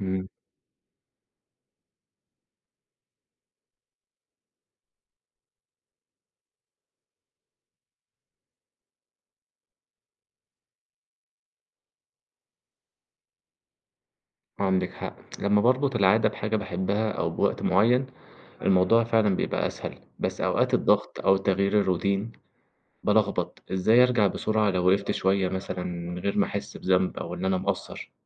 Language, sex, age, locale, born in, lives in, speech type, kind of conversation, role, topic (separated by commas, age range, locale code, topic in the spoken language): Arabic, male, 20-24, ar-EG, Egypt, Egypt, read, expert-assistant, asker, إزاي أقدر أواجه التسويف وأحافظ على تحفيزي لما يكون عندي أهداف كتير؟